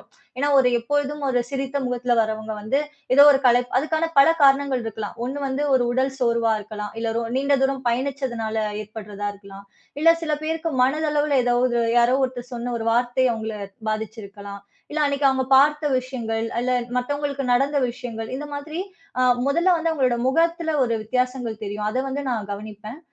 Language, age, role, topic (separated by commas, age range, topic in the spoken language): Tamil, 30-34, guest, மனநலத்தை மேம்படுத்த குடும்ப ஆதரவு எவ்வளவு முக்கியம், அதை ஒரு நடைமுறை உதாரணத்துடன் விளக்க முடியுமா?